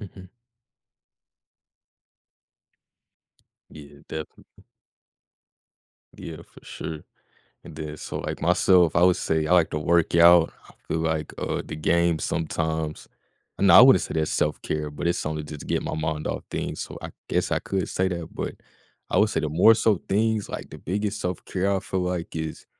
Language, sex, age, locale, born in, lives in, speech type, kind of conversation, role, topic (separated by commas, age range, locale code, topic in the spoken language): English, male, 20-24, en-US, United States, United States, conversation, podcast, host, How can we make self-care a regular and realistic part of our everyday lives?